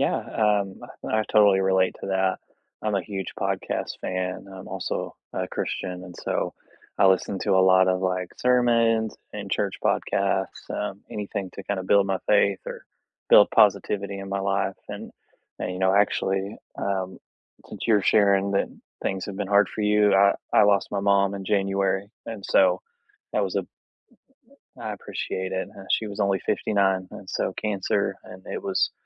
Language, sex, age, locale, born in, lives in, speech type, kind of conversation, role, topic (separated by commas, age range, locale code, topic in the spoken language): English, male, 30-34, en-US, United States, United States, conversation, unstructured, none, Which songs or podcasts have lifted your mood lately, and why do they work for you?